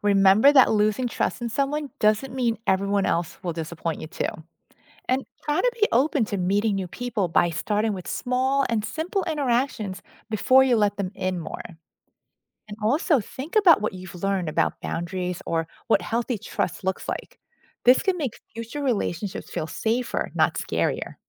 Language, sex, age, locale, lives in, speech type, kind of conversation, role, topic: English, female, 50-54, en-US, United States, read, expert-assistant, expert, How can you build trust with others?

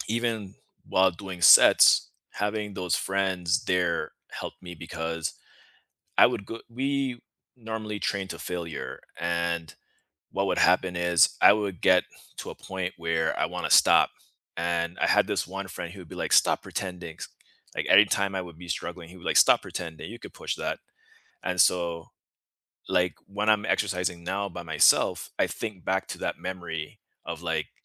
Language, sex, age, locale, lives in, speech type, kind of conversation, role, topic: English, male, 35-39, en-US, United States, conversation, unstructured, none, What fitness habits truly stick for you, and how can friends help you stay consistent and connected?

